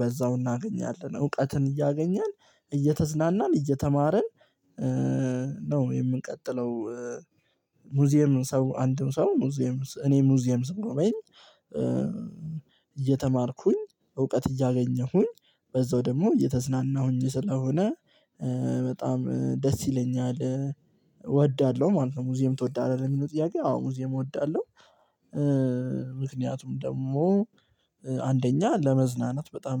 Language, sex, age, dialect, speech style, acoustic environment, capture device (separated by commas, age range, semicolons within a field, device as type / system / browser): Amharic, male, 18-24, Ethiopia - Addis Ababa, relaxed, silence, mobile / Linux / Chrome